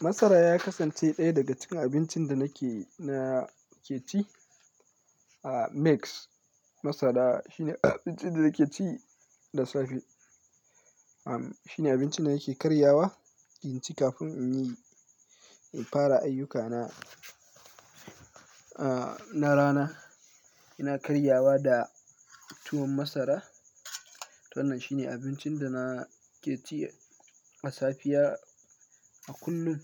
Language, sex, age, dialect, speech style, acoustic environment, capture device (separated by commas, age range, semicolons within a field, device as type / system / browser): Hausa, male, 18-24, Nigeria - Kano, focused, nature; silence, mobile / Linux / Chrome